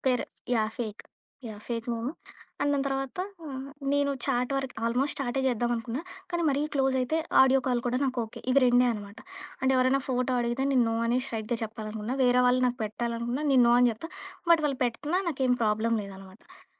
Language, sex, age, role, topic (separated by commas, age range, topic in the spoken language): Telugu, female, 25-29, guest, ఒక అన్య వ్యక్తితో అనుకోకుండా బంధం ఏర్పడిన సందర్భాన్ని మీరు చెప్పగలరా?